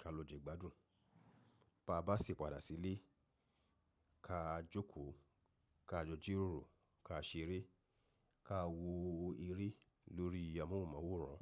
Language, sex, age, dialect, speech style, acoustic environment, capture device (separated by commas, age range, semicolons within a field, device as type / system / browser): Yoruba, male, 35-44, Nigeria - Lagos Yoruba, relaxed; focused, silence, mobile / macOS / Safari